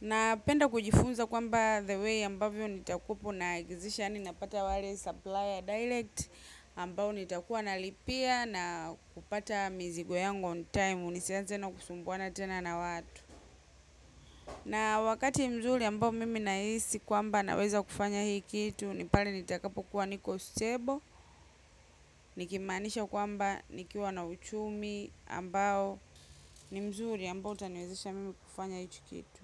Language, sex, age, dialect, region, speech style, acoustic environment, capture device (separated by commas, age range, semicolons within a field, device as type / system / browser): Swahili, female, 25-34, Tanzania - Dar es Salaam, Tanzania, happy; relaxed, silence, mobile / macOS / Safari